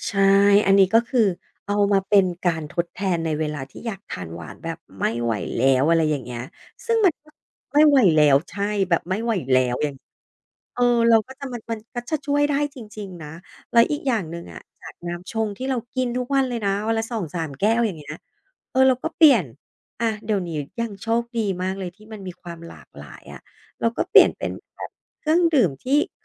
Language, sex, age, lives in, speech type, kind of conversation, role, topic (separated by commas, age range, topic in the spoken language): Thai, female, 40-44, Thailand, conversation, podcast, guest, ควรทำอย่างไรเมื่อคุณอยากกินจุบจิบตลอดเวลา?